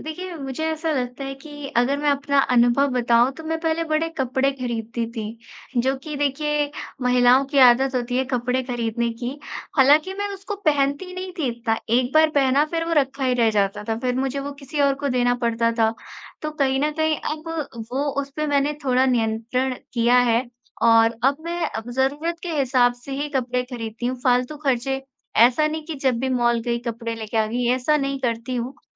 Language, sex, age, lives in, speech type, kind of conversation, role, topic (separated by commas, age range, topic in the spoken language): Hindi, female, 25-29, India, conversation, unstructured, none, पैसों की योजना बनाकर तनाव कैसे कम किया जा सकता है?